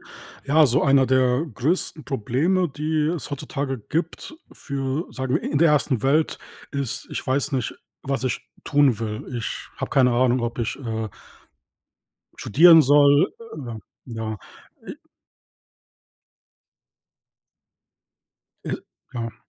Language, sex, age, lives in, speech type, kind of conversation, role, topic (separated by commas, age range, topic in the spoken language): German, male, 30-34, Germany, conversation, podcast, guest, Was sollte die Schule deiner Meinung nach anders machen?